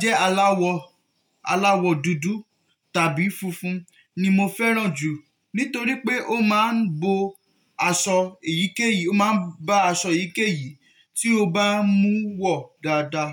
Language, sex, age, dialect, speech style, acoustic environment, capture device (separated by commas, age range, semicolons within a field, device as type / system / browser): Yoruba, male, 18-24, Nigeria - Nigerian Standard, relaxed, nature, mobile / Linux / Chrome